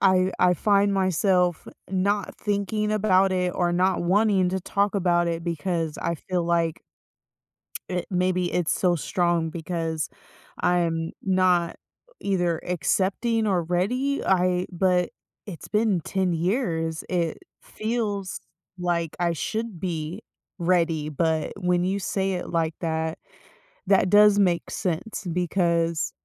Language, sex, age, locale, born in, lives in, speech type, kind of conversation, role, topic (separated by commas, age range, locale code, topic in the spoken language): English, female, 30-34, en-US, United States, United States, conversation, advice, user, How can I find hope and start moving forward after years of grieving?